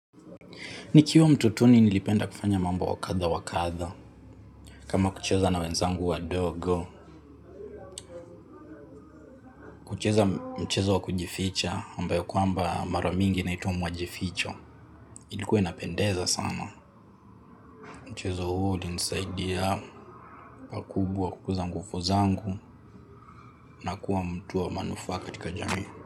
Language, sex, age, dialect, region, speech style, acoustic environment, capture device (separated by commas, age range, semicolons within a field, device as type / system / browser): Swahili, male, 18-24, Kenya - Nairobi Sheng-influenced, Kenya, relaxed; focused; excited, silence, mobile / Linux / Chrome